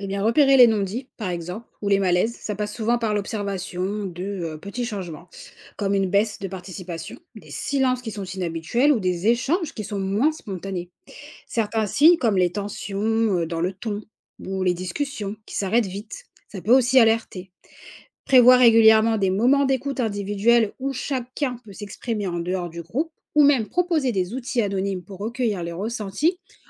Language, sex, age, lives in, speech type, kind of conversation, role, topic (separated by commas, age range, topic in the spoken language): French, female, 30-34, France, read, expert-assistant, expert, Comment gérer les différences culturelles au sein d’une équipe ?